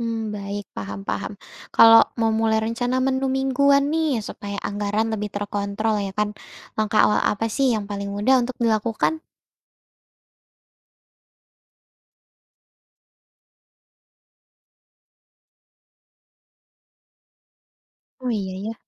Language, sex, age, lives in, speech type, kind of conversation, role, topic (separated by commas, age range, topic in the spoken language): Indonesian, female, 20-24, Indonesia, read, expert-assistant, asker, Bagaimana memasak makanan sehat dan variatif dengan anggaran terbatas?